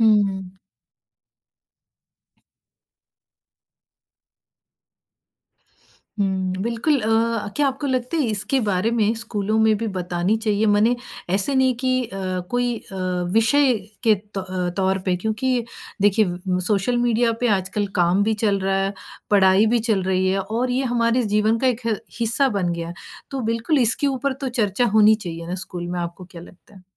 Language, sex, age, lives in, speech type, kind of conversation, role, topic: Hindi, female, 45-49, United States, conversation, podcast, host, निजता बचाने के लिए आप सोशल मीडिया पर क्या-क्या करते हैं?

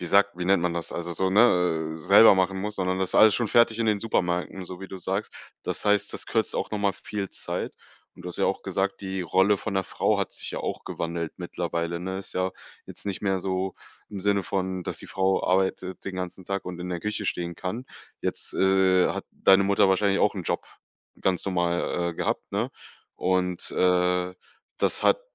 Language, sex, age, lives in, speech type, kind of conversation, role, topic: German, male, 25-29, Germany, conversation, podcast, host, Wie hat sich das Rezept über die Generationen verändert?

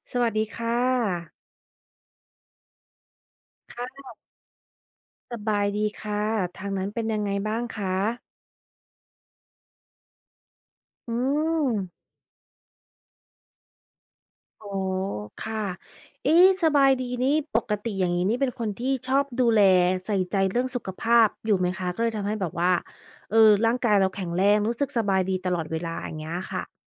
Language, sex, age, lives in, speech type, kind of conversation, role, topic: Thai, female, 35-39, United States, conversation, unstructured, none, การไม่ใส่ใจสุขภาพจะทำให้ชีวิตเปลี่ยนไปมากแค่ไหน?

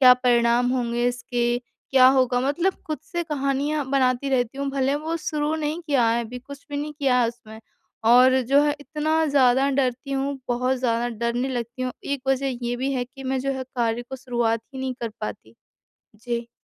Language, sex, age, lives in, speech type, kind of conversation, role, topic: Hindi, female, 25-29, India, conversation, advice, user, मैं बार-बार टालमटोल क्यों करता/करती हूँ, और इसे कैसे खत्म करूँ?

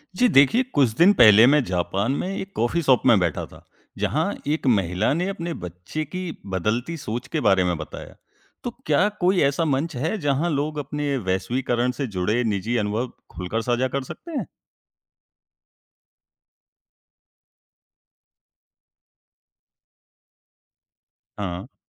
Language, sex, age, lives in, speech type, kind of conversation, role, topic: Hindi, male, 20-24, India, read, expert-assistant, asker, वैश्वीकरण पर लोगों की सच्ची कहानियाँ या अनुभव जानने के लिए कौन-सी जगह सबसे बढ़िया रहेगी?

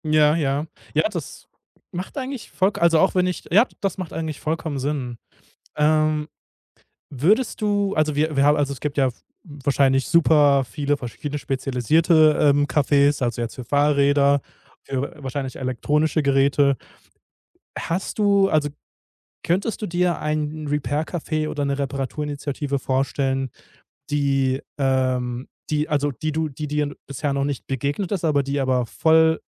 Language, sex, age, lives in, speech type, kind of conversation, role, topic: German, male, 30-34, Germany, conversation, podcast, host, Was hältst du von Reparaturcafés oder Reparaturinitiativen?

